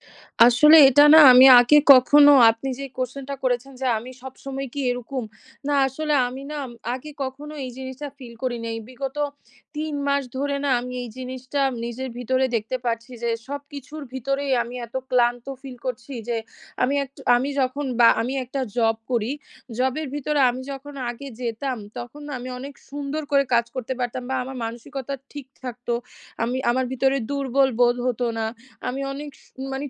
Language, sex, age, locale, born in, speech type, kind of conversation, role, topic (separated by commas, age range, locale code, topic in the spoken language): Bengali, female, 20-24, bn-BD, Bangladesh, conversation, advice, user, বারবার ক্লান্তি ও বার্নআউট অনুভব করছি